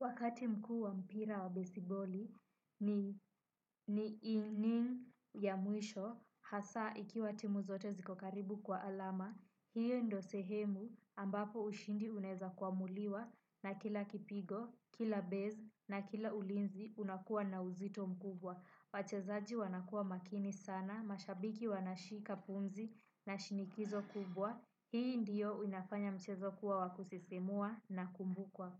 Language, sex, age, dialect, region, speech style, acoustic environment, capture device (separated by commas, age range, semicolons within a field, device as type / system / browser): Swahili, female, 18-24, Kenya - Nairobi Sheng-influenced, Kenya, relaxed, nature, desktop / Windows / Chrome